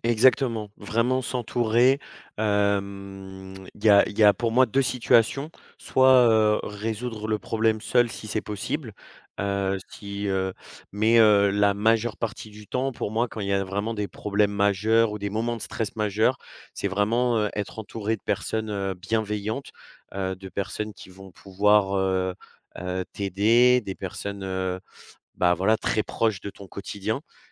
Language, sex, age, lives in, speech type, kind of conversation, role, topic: French, male, 20-24, France, conversation, podcast, guest, Comment gères-tu ton stress au quotidien ?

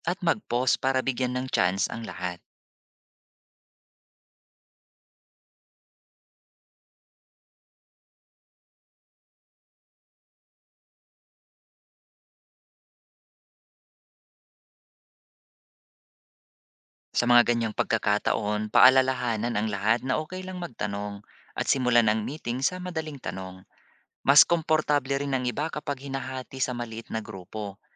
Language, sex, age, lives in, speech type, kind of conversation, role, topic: Filipino, male, 40-44, Philippines, read, expert-assistant, expert, Paano ko mapapadali ang pakikipag-usap kung magkaiba ang pinanggalingang wika namin ng kausap ko?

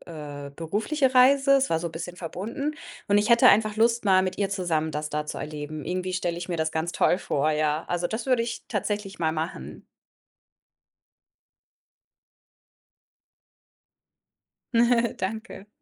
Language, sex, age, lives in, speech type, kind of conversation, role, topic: German, female, 30-34, Germany, conversation, podcast, guest, Welchen unvergesslichen lokalen Markt hast du besucht?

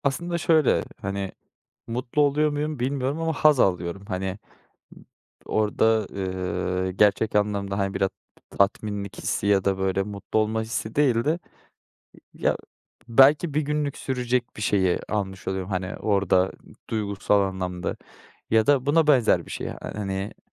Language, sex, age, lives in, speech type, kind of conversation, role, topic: Turkish, male, 25-29, Netherlands, conversation, advice, user, Anlık haz için neden gereğinden fazla para harcıyorum?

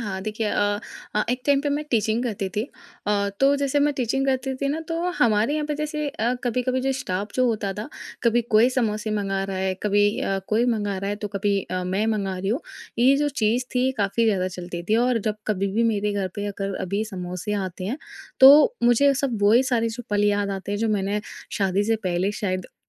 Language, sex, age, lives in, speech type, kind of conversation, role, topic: Hindi, female, 20-24, India, conversation, podcast, guest, Samosa aur chai jaise treats ko kaise balance karte ho?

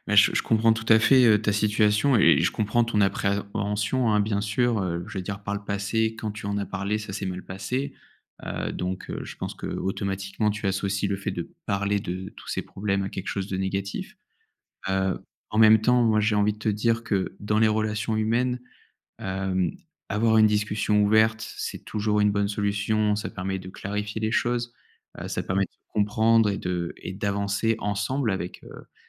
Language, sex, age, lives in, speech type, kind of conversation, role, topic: French, male, 30-34, France, conversation, advice, advisor, Comment éviter de refaire les mêmes erreurs en commençant une nouvelle relation après une rupture ?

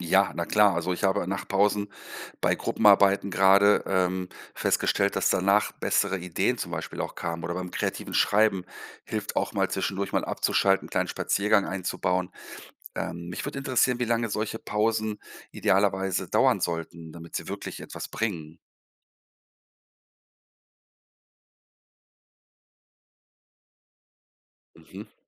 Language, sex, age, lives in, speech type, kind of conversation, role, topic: German, male, 50-54, Germany, read, expert-assistant, asker, Was kann ich mir von Schulen in anderen Ländern abschauen, um besser mit Stress und Aufgaben umzugehen?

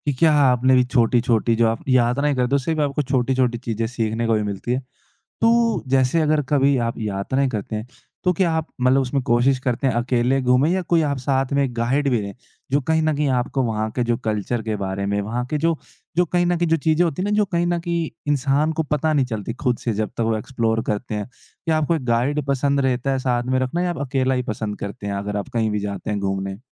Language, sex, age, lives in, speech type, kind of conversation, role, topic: Hindi, male, 20-24, India, conversation, podcast, host, तुम्हें यात्रा करना पसंद है तो किस तरह की यात्राएँ तुम्हें सबसे ज़्यादा आकर्षित करती हैं?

